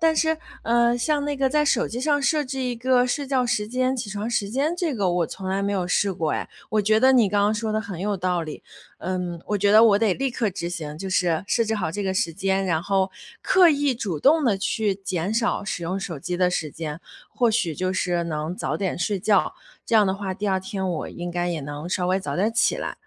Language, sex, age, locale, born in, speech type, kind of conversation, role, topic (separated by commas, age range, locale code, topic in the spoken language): Chinese, female, 30-34, zh-CN, China, conversation, advice, user, 周末作息被打乱会如何影响你工作日的精神状态？